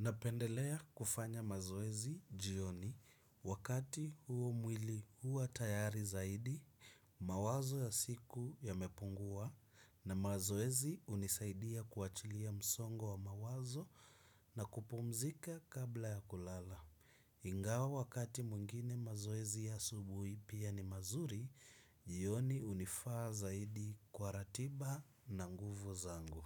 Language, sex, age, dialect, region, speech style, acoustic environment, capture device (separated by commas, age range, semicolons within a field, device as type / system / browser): Swahili, male, 25-34, Kenya - Mombasa, Kenya, focused; relaxed, nature, desktop / Windows / Chrome